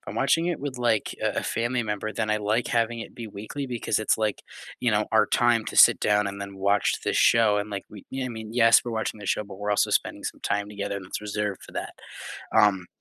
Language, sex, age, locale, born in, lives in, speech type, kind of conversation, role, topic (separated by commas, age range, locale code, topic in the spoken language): English, male, 18-19, en-US, United States, United States, conversation, unstructured, none, What TV shows do you binge-watch without feeling guilty, and what keeps you hooked?